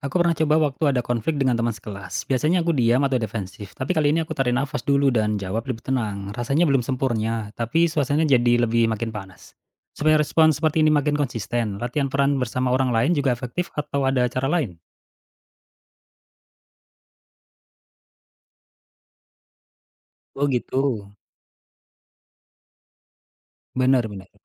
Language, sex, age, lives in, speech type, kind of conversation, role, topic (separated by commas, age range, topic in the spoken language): Indonesian, male, 35-39, Indonesia, read, expert-assistant, asker, Apa pendekatan paling efektif untuk belajar mengenali dan mengelola emosi sendiri saat menghadapi konflik dengan orang terdekat?